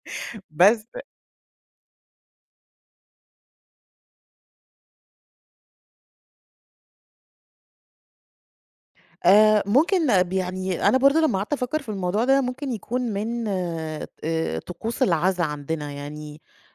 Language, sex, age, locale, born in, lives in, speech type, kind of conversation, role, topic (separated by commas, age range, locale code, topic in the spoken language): Arabic, female, 35-39, ar-EG, Egypt, Egypt, conversation, podcast, guest, إيه علاقة اللبس بثقتك في نفسك؟